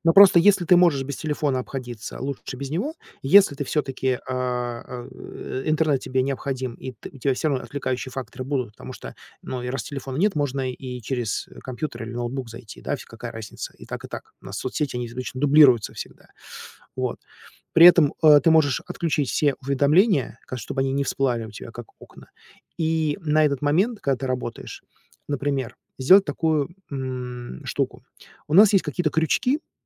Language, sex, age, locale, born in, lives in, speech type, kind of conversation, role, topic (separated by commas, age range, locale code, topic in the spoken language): Russian, male, 40-44, ru-RU, Russia, United States, conversation, advice, advisor, Как перестать постоянно искать развлечения и сосредоточиться на важном?